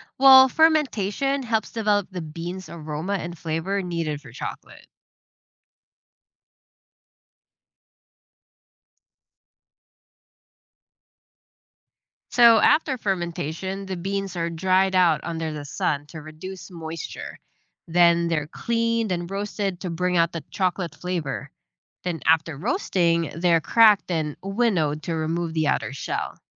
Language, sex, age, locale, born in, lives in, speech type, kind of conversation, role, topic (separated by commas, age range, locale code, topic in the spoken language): English, female, 30-34, en-US, Philippines, United States, read, expert-assistant, expert, What is the process of making chocolate from cocoa beans?